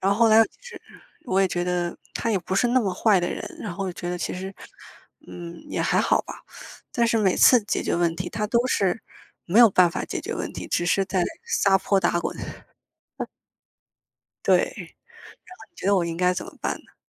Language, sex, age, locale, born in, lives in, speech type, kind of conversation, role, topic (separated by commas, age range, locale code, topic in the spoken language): Chinese, female, 25-29, zh-CN, China, United States, conversation, unstructured, none, 你觉得解决问题最有效的方法是什么？